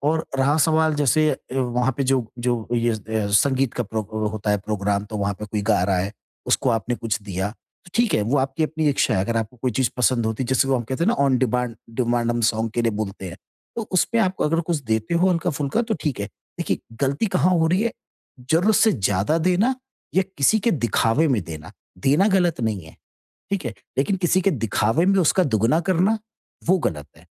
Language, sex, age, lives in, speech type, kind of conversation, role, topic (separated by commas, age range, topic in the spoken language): Hindi, male, 50-54, India, conversation, advice, advisor, मैं दोस्तों के साथ सामाजिक दबाव में खर्च करने की चिंता को कैसे संभालूँ?